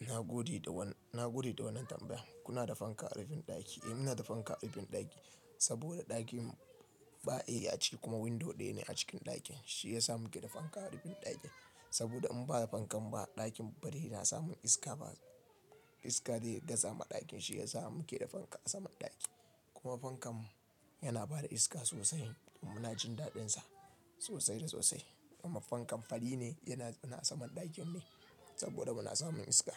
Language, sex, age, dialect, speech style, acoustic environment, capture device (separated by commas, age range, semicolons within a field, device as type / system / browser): Hausa, male, 25-34, Nigeria - Kano, happy; relaxed, nature, mobile / Linux / Chrome